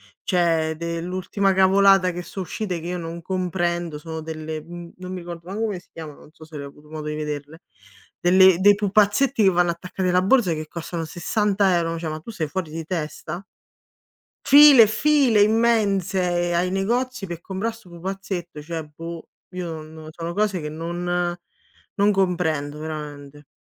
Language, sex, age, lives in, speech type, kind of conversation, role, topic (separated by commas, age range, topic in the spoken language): Italian, female, 30-34, Italy, conversation, unstructured, none, Hai mai risparmiato per raggiungere un grande obiettivo e come ci sei riuscito?